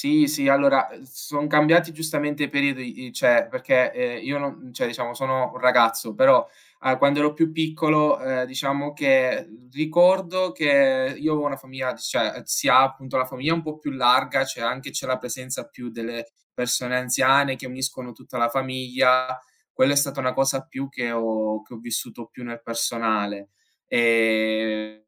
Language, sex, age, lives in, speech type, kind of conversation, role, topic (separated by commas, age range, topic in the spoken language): Italian, male, 20-24, Italy, conversation, unstructured, none, Come festeggi nella tua famiglia le principali festività religiose?